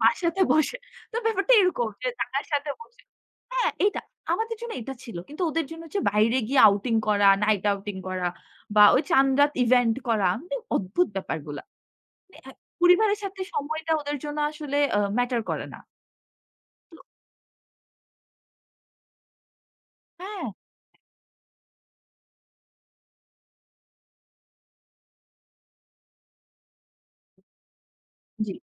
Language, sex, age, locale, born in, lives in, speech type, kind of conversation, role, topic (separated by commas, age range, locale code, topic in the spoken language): Bengali, female, 25-29, bn-BD, Bangladesh, Bangladesh, conversation, podcast, guest, কোন উৎসবে আপনার সবচেয়ে নিজের মতো লাগে?